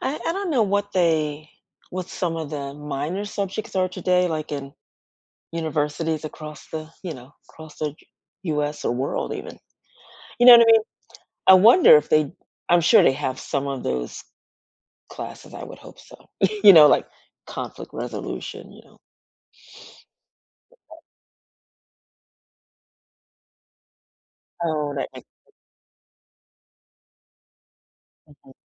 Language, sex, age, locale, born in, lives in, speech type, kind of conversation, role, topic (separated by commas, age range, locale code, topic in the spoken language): English, female, 60-64, en-US, United States, United States, conversation, unstructured, none, What skill should every student learn before graduating high school or college?